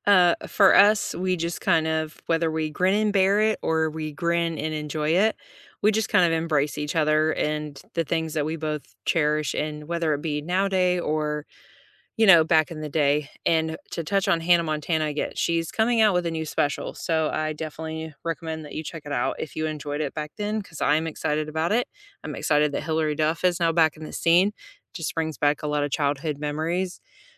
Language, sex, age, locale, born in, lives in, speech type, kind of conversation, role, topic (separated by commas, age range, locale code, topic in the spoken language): English, female, 35-39, en-US, United States, United States, conversation, unstructured, none, Which songs became the soundtrack to the moments that changed you, and why do they matter?